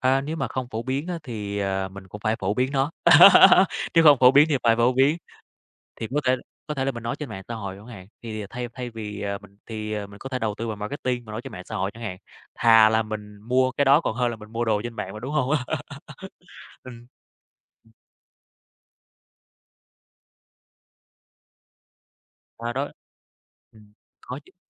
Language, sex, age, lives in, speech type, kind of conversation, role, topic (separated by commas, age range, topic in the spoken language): Vietnamese, male, 30-34, Vietnam, conversation, podcast, guest, Bạn nghĩ influencer ảnh hưởng đến thói quen tiêu dùng ra sao?